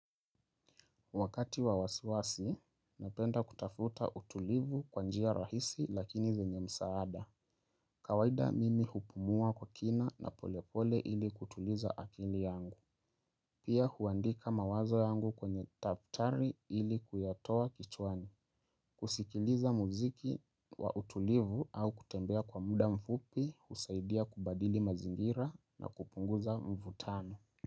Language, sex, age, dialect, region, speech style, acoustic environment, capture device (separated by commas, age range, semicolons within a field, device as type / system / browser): Swahili, male, 25-34, Kenya - Nairobi Sheng-influenced, Kenya, relaxed; focused, silence; nature, mobile / Linux / Chrome